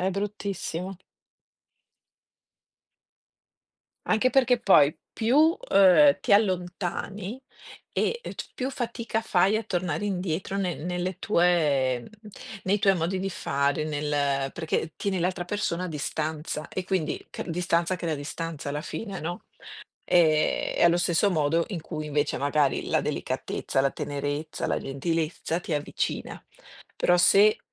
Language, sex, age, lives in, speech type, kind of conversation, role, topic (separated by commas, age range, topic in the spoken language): Italian, female, 50-54, Italy, conversation, unstructured, none, Qual è il modo migliore per mostrare affetto a qualcuno?